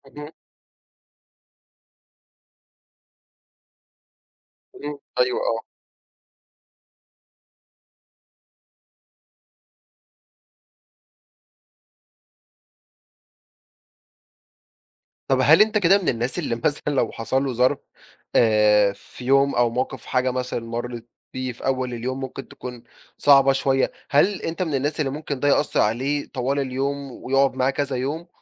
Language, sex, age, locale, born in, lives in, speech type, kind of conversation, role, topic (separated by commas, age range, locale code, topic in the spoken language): Arabic, male, 20-24, ar-EG, Egypt, Egypt, conversation, podcast, host, إزاي بتحافظ على صحتك النفسية لما الظروف تبقى صعبة؟